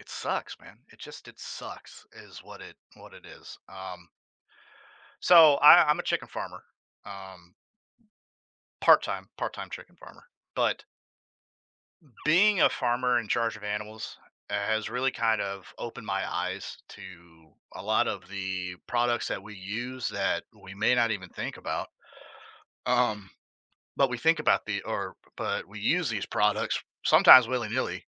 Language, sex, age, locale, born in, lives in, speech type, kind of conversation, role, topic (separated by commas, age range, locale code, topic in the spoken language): English, male, 30-34, en-US, United States, United States, conversation, podcast, guest, In what ways does pollution threaten the balance of natural ecosystems?